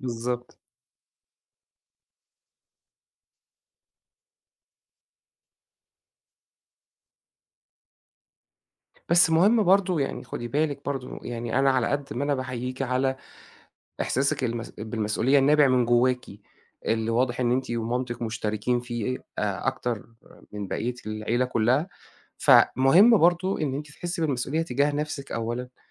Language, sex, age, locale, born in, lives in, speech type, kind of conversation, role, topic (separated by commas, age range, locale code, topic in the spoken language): Arabic, male, 40-44, ar-EG, Egypt, Egypt, conversation, advice, advisor, إزاي بتعتني بواحد من والديك الكبار في السن، وده غيّر روتين يومك إزاي؟